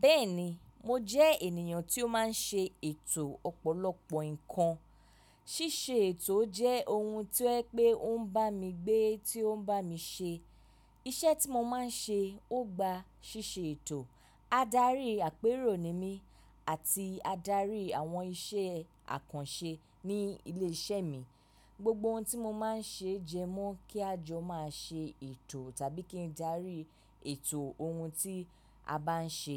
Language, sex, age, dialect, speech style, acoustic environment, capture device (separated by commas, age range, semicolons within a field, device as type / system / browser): Yoruba, female, 25-34, Nigeria - Lagos Yoruba, relaxed; focused, silence, mobile / macOS / Safari